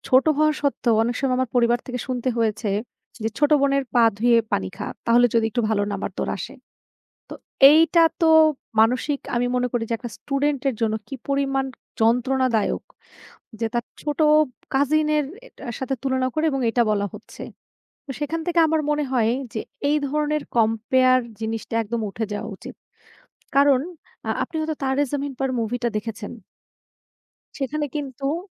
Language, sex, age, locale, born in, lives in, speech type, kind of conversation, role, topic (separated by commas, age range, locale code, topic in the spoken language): Bengali, female, 25-29, bn-BD, Bangladesh, Bangladesh, conversation, unstructured, none, শিক্ষার্থীদের মধ্যে প্রতিযোগিতা কি অতিরিক্ত চাপ সৃষ্টি করে?